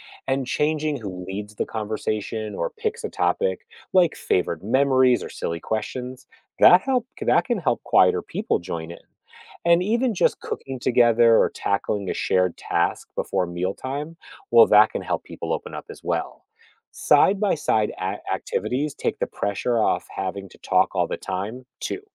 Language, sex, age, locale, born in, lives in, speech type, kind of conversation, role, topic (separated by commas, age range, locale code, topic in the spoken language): English, male, 45-49, en-US, United States, United States, read, expert-assistant, expert, What would happen if families ate every meal together for a month?